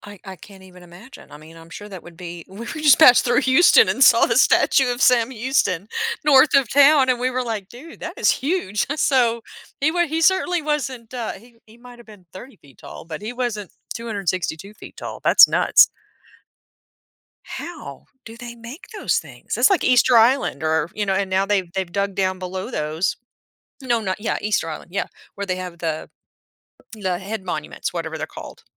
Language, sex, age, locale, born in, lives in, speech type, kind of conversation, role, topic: English, female, 50-54, en-US, United States, United States, conversation, podcast, host, How do you approach making difficult decisions in your life?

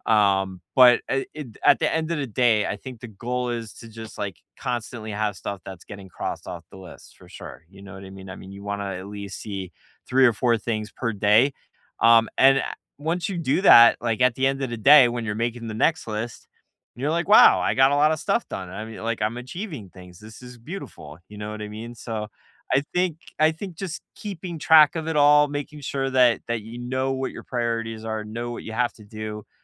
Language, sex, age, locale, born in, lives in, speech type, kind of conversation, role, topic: English, male, 40-44, en-US, United States, United States, conversation, unstructured, none, If you could redesign your week, what would you add or cut to make more time for what matters most?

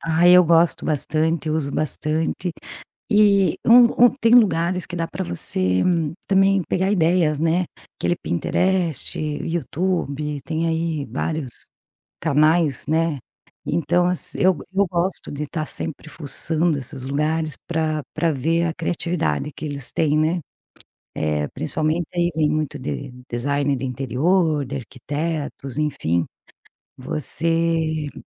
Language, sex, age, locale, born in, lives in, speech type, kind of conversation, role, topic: Portuguese, female, 45-49, pt-BR, Brazil, Portugal, conversation, podcast, guest, Como transformar um quarto pequeno em um espaço aconchegante?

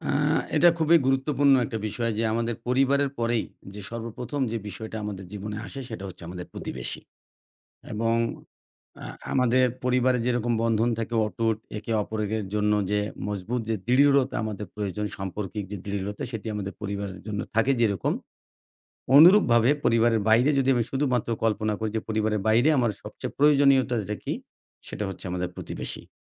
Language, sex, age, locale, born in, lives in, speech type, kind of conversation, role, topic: Bengali, male, 40-44, bn-BD, Bangladesh, Bangladesh, conversation, podcast, guest, প্রতিবেশীদের সঙ্গে সম্পর্ক আরও মজবুত করতে আমি কী কী করতে পারি?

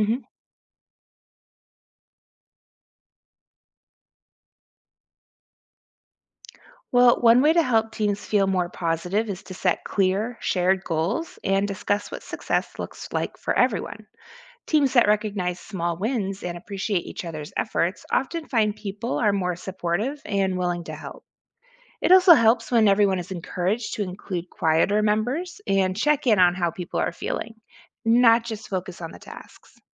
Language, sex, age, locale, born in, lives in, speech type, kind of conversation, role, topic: English, female, 40-44, en-US, United States, United States, read, expert-assistant, expert, Why is teamwork important in sports and school?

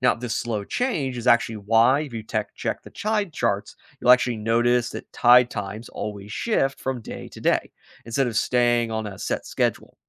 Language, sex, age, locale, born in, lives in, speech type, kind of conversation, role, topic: English, male, 30-34, en-US, United States, United States, read, expert-assistant, expert, What causes tides in the oceans?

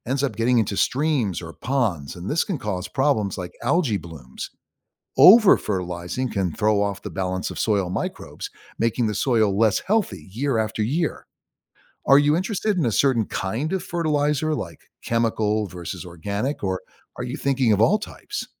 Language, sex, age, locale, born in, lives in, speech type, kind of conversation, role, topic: English, male, 60-64, en-US, United States, United States, read, expert-assistant, expert, How does agriculture affect soil health and fertility?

